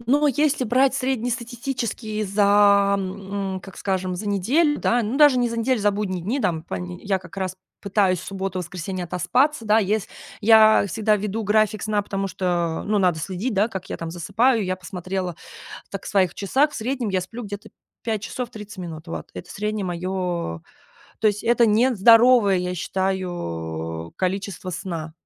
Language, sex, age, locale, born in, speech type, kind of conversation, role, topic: Russian, female, 30-34, ru-RU, Russia, conversation, advice, user, Почему у меня нерегулярный режим сна и как его наладить?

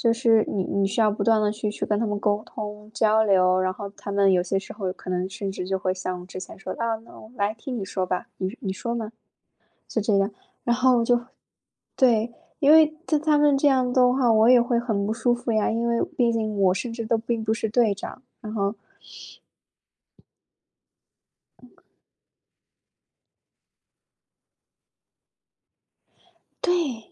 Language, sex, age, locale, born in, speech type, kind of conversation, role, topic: Chinese, female, 25-29, zh-CN, China, conversation, podcast, guest, 你平时如何在独处和合作之间平衡时间？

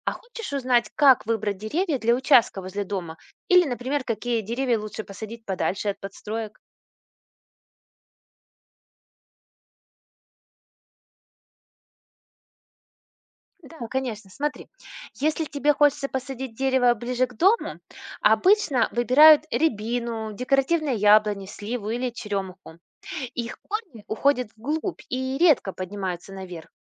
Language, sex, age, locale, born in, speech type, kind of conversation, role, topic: Russian, female, 40-44, ru-RU, Russia, read, expert-assistant, expert, Почему у некоторых деревьев корни растут над землёй?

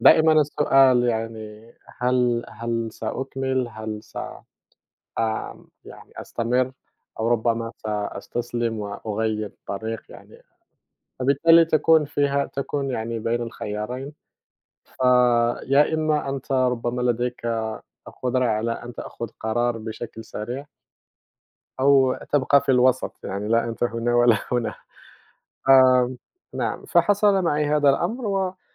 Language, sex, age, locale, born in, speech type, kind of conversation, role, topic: Arabic, male, 30-34, ar-MA, Morocco, conversation, unstructured, none, إزاي تفضل محافظ على حماسك عشان تحقق أهدافك؟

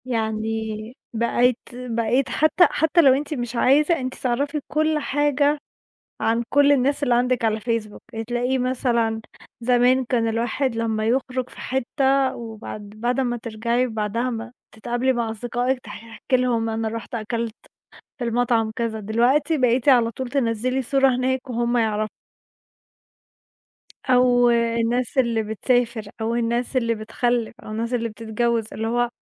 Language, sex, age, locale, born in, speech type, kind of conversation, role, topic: Arabic, female, 20-24, ar-EG, Egypt, conversation, unstructured, none, إزاي التكنولوجيا بتأثر على عاداتنا اليومية؟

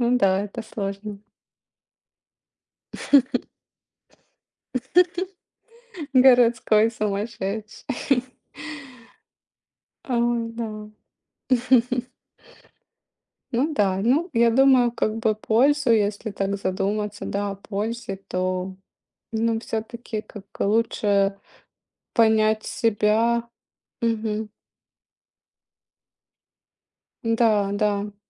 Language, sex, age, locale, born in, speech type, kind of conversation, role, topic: Russian, female, 35-39, ru-RU, Ukraine, conversation, unstructured, none, Как ты обычно справляешься со стрессом в повседневной жизни?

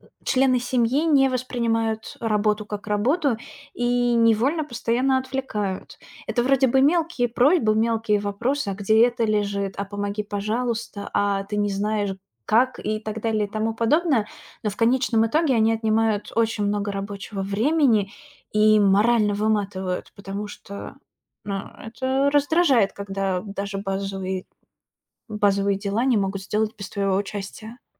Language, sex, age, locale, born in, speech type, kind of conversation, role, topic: Russian, female, 30-34, ru-RU, Russia, conversation, advice, user, Как справиться со сложностями концентрации при работе из дома и постоянными отвлечениями?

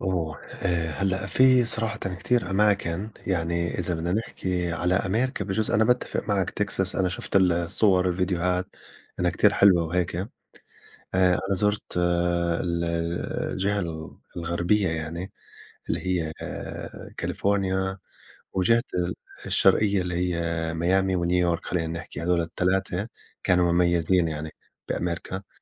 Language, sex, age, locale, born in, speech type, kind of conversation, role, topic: Arabic, male, 40-44, ar-JO, Saudi Arabia, conversation, unstructured, none, إيه أحسن مكان زرته وليه شايفه مميز؟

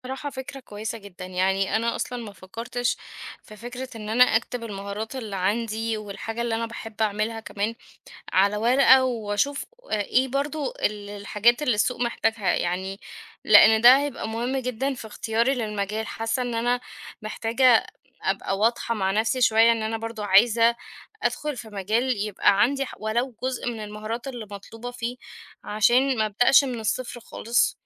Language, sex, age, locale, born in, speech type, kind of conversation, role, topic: Arabic, female, 30-34, ar-EG, Egypt, conversation, advice, user, إزاي أغيّر مساري المهني عشان ألاقي شغل ليه معنى؟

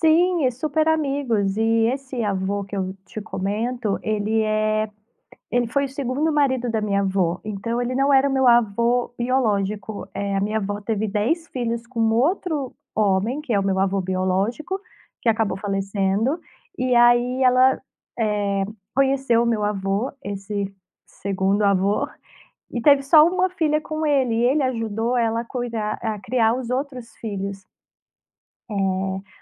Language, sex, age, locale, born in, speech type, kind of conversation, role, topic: Portuguese, female, 30-34, pt-BR, Brazil, conversation, podcast, guest, Que memórias você tem dos passeios com seus avós?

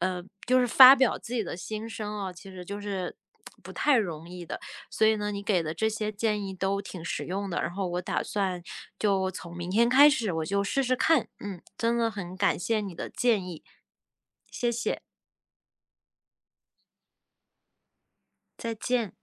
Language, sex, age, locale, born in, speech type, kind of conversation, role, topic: Chinese, female, 30-34, zh-CN, China, conversation, advice, user, 为什么我会反复出现忧郁情绪并且很难自控？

